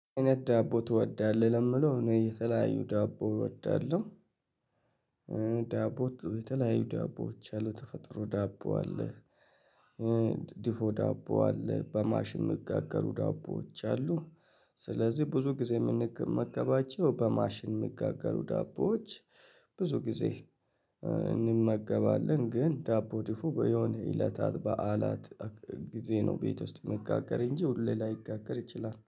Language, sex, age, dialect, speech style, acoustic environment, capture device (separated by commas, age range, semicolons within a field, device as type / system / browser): Amharic, male, 25-34, Ethiopia - Addis Ababa, happy; relaxed; excited, people talking, mobile / Linux / Chrome